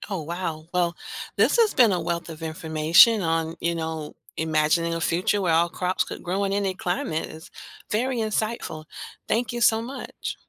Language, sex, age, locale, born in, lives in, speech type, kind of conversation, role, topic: English, female, 50-54, en-US, United States, United States, read, expert-assistant, asker, Imagine a future where all crops could grow in any climate—what would be the benefits and drawbacks of this breakthrough?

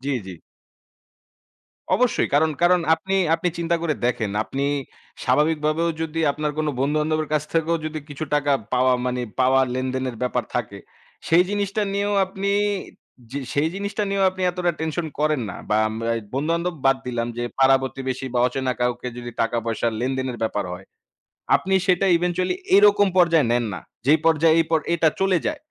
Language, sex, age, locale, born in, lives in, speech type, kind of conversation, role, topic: Bengali, male, 25-29, bn-BD, Bangladesh, Bangladesh, conversation, unstructured, none, কাজ করার পরও সময়মতো বেতন না পেলে আপনার কেমন লাগে?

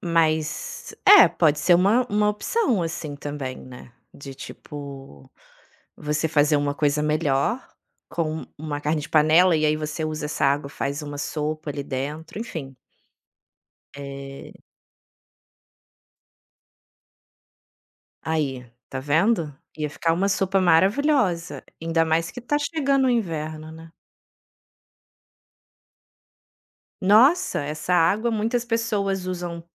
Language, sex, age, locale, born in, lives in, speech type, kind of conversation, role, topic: Portuguese, female, 35-39, pt-BR, Brazil, Italy, conversation, advice, advisor, Como posso superar a dificuldade de planejar as refeições e preparar comida semanalmente?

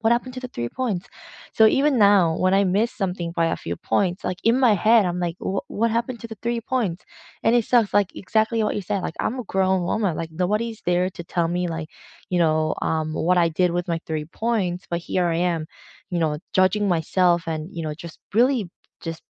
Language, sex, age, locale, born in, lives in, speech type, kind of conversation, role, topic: English, female, 30-34, en-CA, South Korea, Canada, conversation, unstructured, none, What is the most meaningful advice you have received, and what wisdom do you now pass on to others?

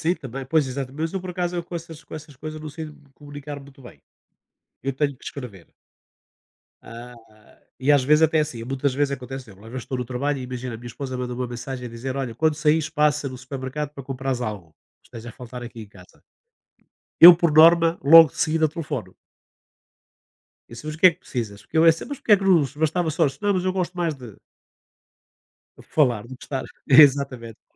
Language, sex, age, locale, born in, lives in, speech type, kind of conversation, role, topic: Portuguese, male, 60-64, pt-PT, Portugal, Portugal, conversation, unstructured, none, Você acha que as redes sociais ajudam ou atrapalham a comunicação?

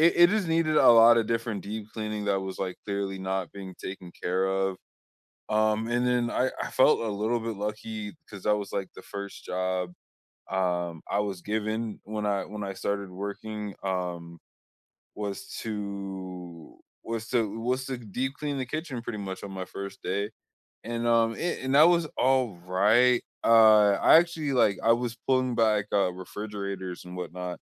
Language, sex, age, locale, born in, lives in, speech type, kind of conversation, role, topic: English, male, 25-29, en-US, United States, United States, conversation, unstructured, none, What is the most underrated part of your job that you enjoy?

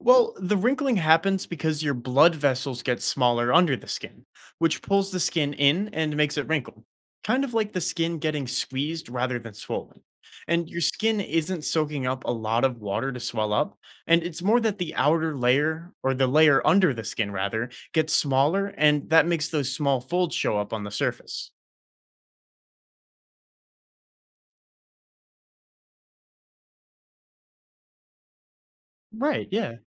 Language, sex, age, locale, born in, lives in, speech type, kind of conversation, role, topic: English, male, 20-24, en-US, United States, United States, read, expert-assistant, expert, Why do fingers wrinkle in water?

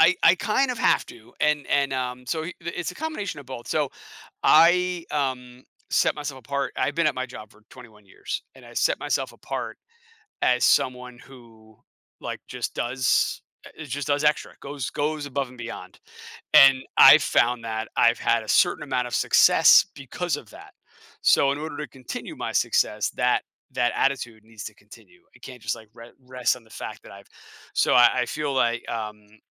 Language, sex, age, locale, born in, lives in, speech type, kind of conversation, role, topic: English, male, 40-44, en-US, United States, United States, conversation, podcast, guest, What strategies help you maintain a healthy balance between your job and your personal life?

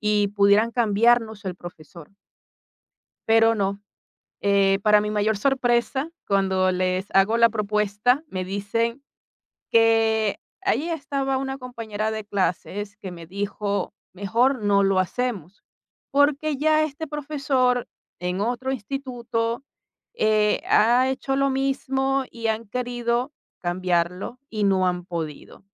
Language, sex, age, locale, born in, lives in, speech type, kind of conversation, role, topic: Spanish, female, 45-49, es-VE, Venezuela, Italy, conversation, podcast, guest, ¿Cómo sabes cuándo insistir o cambiar de rumbo?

